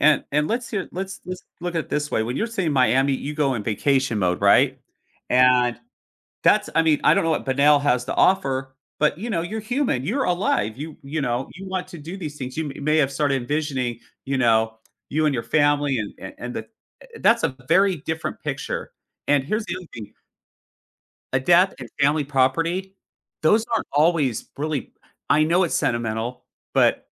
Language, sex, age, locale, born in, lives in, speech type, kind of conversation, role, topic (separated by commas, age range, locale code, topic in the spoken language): English, male, 45-49, en-US, United States, United States, conversation, advice, advisor, How can I handle feeling disappointed when loved ones cancel plans?